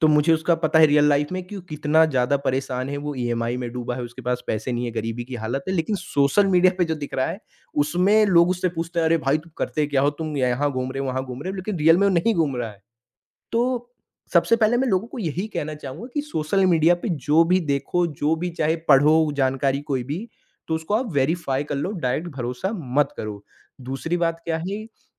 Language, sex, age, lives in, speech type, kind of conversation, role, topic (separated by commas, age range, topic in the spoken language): Hindi, male, 40-44, India, conversation, podcast, guest, Social media ka istemal tumhare mood par kaise asar dalta hai?